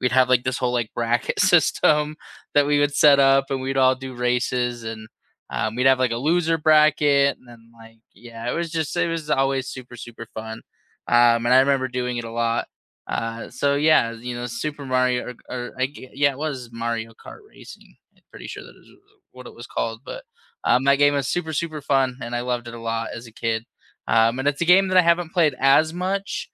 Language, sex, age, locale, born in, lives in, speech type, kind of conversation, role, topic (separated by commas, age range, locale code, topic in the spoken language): English, male, 25-29, en-US, United States, United States, conversation, unstructured, none, What video, board, or party games do you swear by for game night?